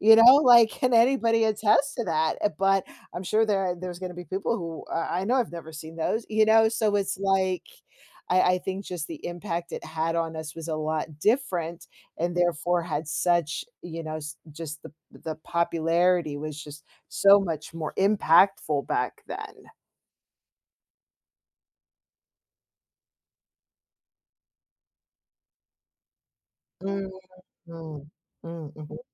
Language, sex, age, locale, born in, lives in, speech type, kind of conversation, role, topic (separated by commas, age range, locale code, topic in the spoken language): English, female, 45-49, en-US, United States, United States, conversation, podcast, host, What makes certain TV shows resonate so strongly with audiences and shape popular culture?